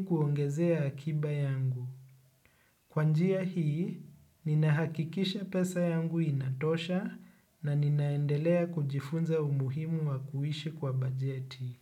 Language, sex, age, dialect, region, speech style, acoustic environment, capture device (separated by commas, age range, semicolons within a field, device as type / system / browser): Swahili, male, 25-34, Kenya - Nairobi Sheng-influenced, Kenya, relaxed, appliances, desktop / Windows / Chrome